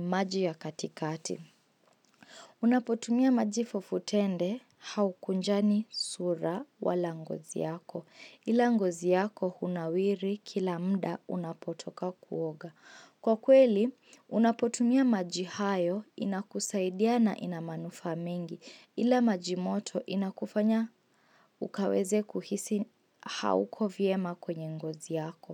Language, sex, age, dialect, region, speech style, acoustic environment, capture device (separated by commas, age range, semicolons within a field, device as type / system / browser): Swahili, female, 18-24, Kenya - Mombasa, Kenya, focused, silence, mobile / Linux / Chrome